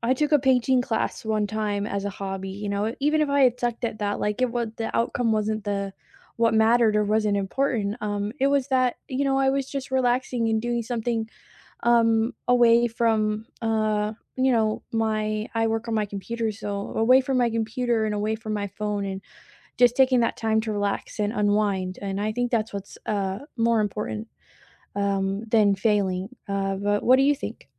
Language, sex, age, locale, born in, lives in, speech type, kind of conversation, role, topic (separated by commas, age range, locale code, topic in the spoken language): English, female, 20-24, en-US, United States, United States, conversation, unstructured, none, How do you deal with fear of failing when trying a new hobby?